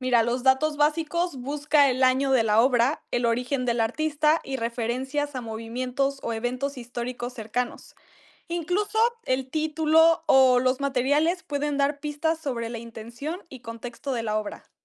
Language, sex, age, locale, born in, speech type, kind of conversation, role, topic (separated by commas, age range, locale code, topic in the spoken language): Spanish, female, 18-19, es-MX, Mexico, read, expert-assistant, expert, ¿Cuál es una buena forma de aprender sobre los movimientos artísticos cuando visitas una galería?